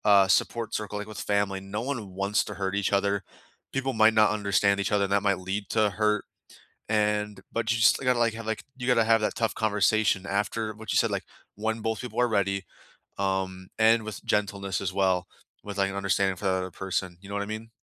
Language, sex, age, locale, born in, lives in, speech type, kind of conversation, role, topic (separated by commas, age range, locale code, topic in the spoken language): English, male, 20-24, en-US, United States, United States, conversation, unstructured, none, What does support look like for you?